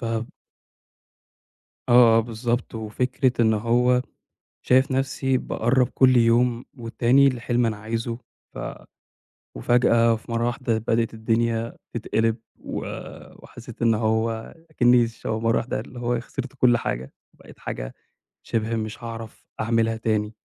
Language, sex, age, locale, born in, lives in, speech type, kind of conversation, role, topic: Arabic, male, 25-29, ar-EG, Egypt, Egypt, conversation, advice, user, التعلم من الانتكاسات والمضي قدماً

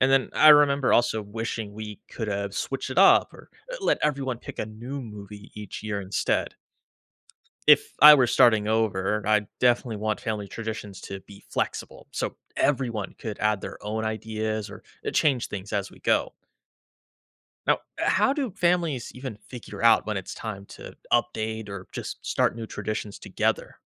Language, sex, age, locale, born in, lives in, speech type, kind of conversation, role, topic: English, male, 25-29, en-US, United States, United States, read, expert-assistant, asker, What defines a family beyond biological ties?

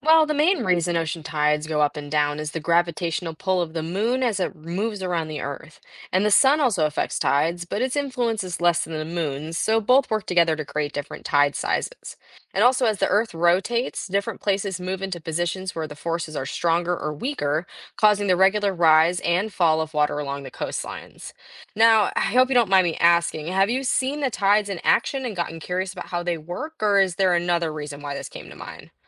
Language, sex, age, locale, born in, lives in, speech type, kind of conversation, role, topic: English, female, 18-19, en-US, United States, United States, read, expert-assistant, expert, What causes ocean tides and how do they change?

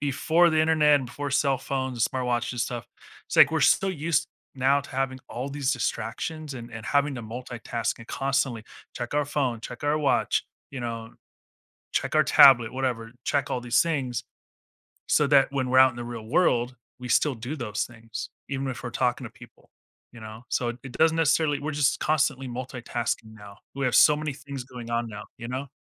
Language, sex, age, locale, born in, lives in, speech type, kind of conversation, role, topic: English, male, 40-44, en-US, United States, United States, conversation, podcast, guest, How important is body language in everyday conversations?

